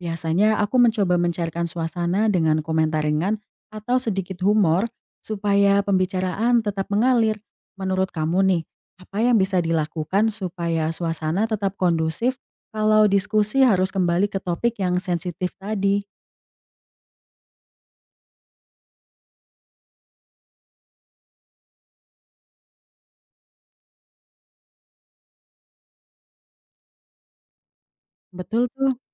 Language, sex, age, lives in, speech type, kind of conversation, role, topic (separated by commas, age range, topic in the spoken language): Indonesian, female, 20-24, Indonesia, read, expert-assistant, asker, Bagaimana Cara Menyesuaikan Komunikasi Antarbudaya di Indonesia agar Tetap Lancar?